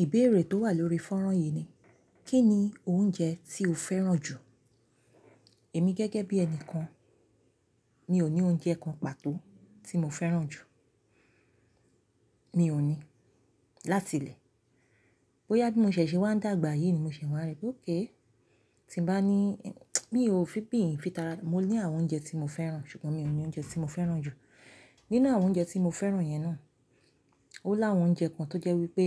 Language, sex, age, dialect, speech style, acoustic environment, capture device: Yoruba, female, 35-44, Nigeria - Lagos Yoruba, excited, silence, mobile / Linux / Chrome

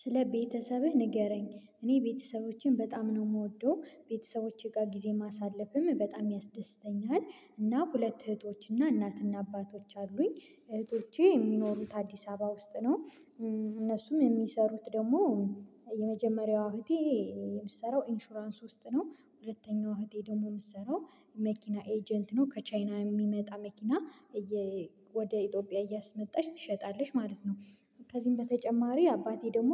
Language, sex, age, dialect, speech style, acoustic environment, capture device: Amharic, female, 25-34, Ethiopia - Gojjam, excited, silence, mobile / Linux / Chrome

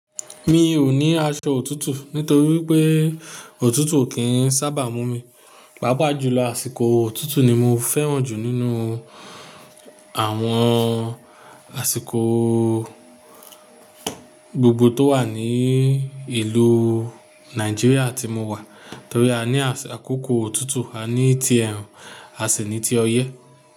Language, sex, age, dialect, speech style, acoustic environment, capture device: Yoruba, male, 35-44, Nigeria - Nigerian Standard, focused, people talking; appliances, mobile / Linux / Chrome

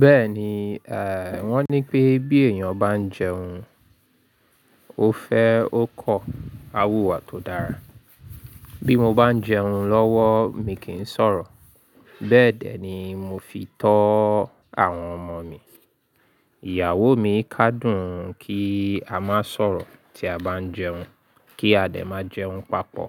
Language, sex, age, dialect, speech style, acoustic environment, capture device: Yoruba, male, 35-44, Nigeria - Lagos Yoruba, excited; focused; happy, silence; nature, mobile / Linux / Chrome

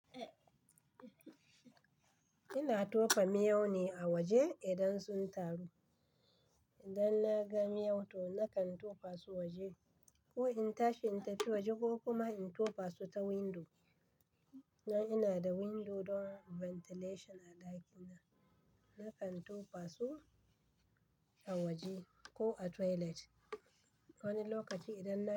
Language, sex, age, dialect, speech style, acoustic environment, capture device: Hausa, female, 35-44, Nigeria - Kano, relaxed, silence, desktop / Linux / Chrome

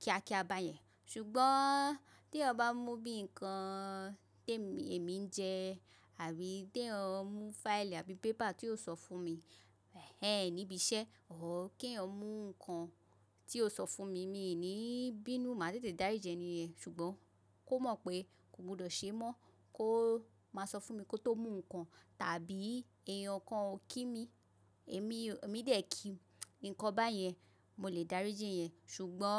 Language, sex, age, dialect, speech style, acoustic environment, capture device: Yoruba, female, 25-34, Nigeria - Lagos Yoruba, relaxed; focused, silence, mobile / macOS / Safari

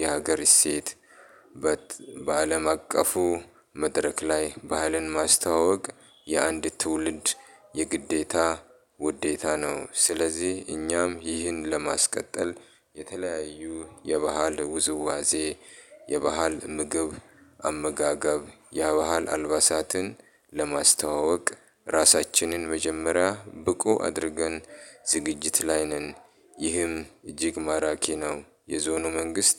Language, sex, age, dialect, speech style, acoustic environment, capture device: Amharic, male, 35-44, Ethiopia - Addis Ababa, happy; relaxed; excited; focused, appliances; people talking, mobile / Linux / Chrome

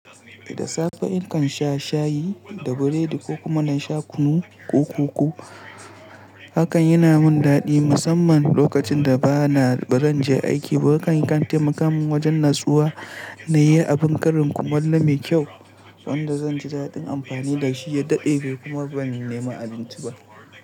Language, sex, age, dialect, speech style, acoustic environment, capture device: Hausa, male, 18-24, Nigeria - Pidgin-influenced, relaxed; anxious; focused; stressed; excited, nature; silence, mobile / Linux / Chrome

